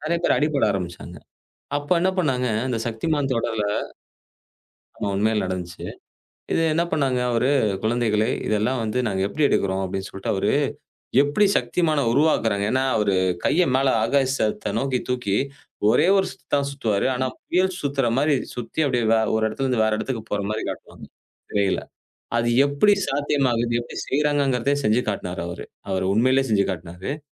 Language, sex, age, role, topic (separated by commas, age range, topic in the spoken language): Tamil, male, 35-39, host, சின்ன வயதில் நீங்கள் மிகவும் ரசித்த கார்டூன் எது?